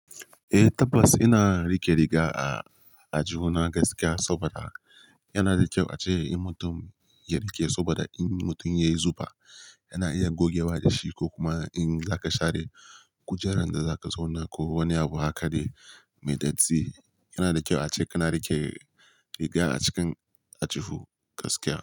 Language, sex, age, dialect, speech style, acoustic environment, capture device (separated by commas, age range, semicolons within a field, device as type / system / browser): Hausa, male, 18-24, Nigeria - Kano, happy; relaxed; focused; tired, silence; nature, mobile / Linux / Chrome